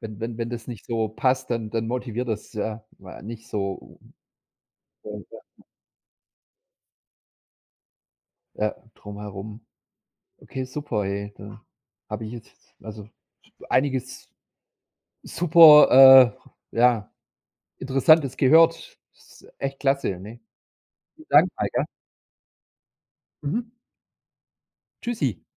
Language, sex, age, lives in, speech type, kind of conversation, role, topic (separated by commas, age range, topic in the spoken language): German, male, 45-49, Germany, conversation, podcast, host, Wie findest du die Motivation, regelmäßig Sport zu machen?